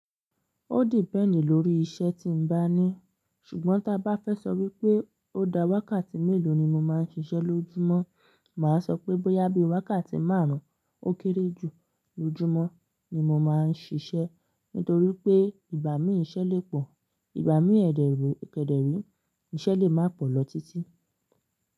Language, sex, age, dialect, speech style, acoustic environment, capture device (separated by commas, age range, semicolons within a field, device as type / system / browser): Yoruba, female, 25-34, Nigeria - Lagos Yoruba, relaxed, silence, mobile / Linux / Chrome